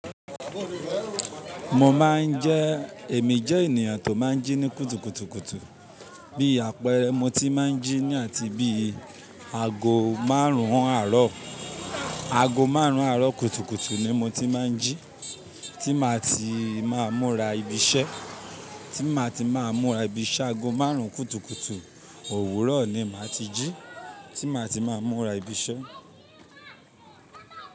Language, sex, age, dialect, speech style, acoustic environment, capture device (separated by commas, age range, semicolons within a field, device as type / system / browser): Yoruba, male, 25-34, Nigeria - Ibadan, happy; anxious; nervous; tired, people talking; construction; traffic; silence; nature; appliances; music, mobile / Linux / Chrome